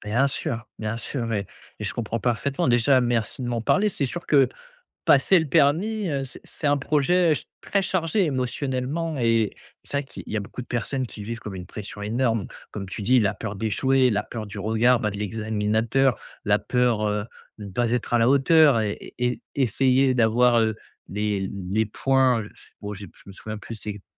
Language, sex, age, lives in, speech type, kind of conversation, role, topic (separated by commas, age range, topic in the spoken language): French, male, 25-29, France, conversation, advice, advisor, Comment puis-je surmonter ma peur de l’échec dans mes projets ?